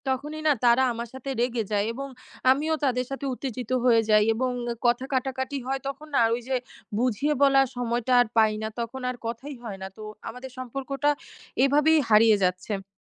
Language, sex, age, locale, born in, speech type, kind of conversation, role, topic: Bengali, female, 20-24, bn-BD, Bangladesh, conversation, advice, user, কাজ বা পড়াশোনার কারণে কি আপনার বন্ধু এবং সমর্থন কমে গেছে বা হারিয়ে গেছে?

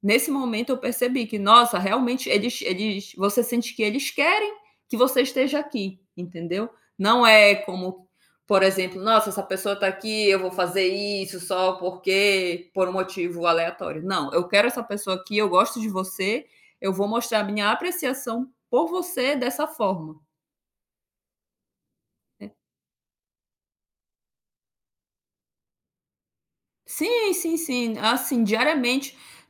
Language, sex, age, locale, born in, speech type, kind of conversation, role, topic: Portuguese, female, 30-34, pt-BR, Brazil, conversation, podcast, guest, Quem costuma fazer parte da sua rede de apoio?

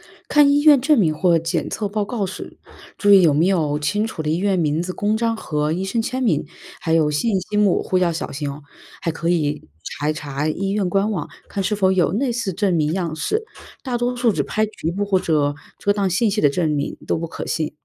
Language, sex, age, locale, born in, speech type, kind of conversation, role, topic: Chinese, female, 25-29, zh-CN, China, read, expert-assistant, expert, 社交媒体上出现的健康信息，比如某些药物或疗法，如何判断其来源和准确性？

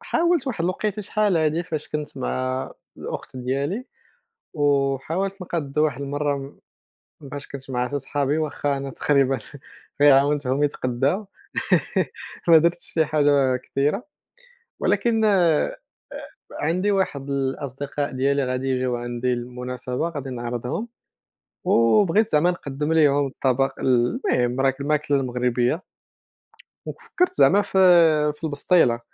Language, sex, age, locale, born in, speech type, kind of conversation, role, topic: Arabic, male, 30-34, ar-MA, Morocco, conversation, unstructured, none, إيه أحلى ذكرياتك مع الأكلات اللي عيلتك بتعملها؟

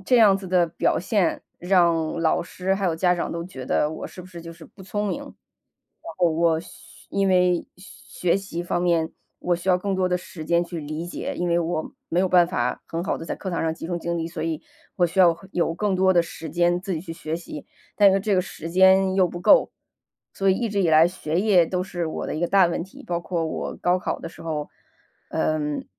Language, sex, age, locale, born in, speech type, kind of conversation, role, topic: Chinese, female, 35-39, zh-CN, China, conversation, podcast, guest, 有哪次失败反而改变了你的人生方向吗？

